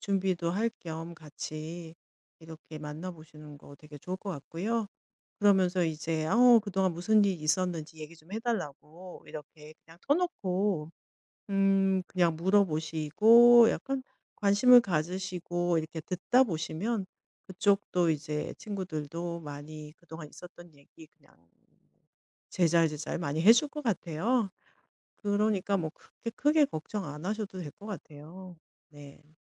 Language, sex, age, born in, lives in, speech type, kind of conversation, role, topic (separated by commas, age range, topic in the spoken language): Korean, female, 50-54, South Korea, Germany, conversation, advice, advisor, 친구들 모임에 자주 초대받지 못해 소외감을 느낄 때 어떻게 하면 좋을까요?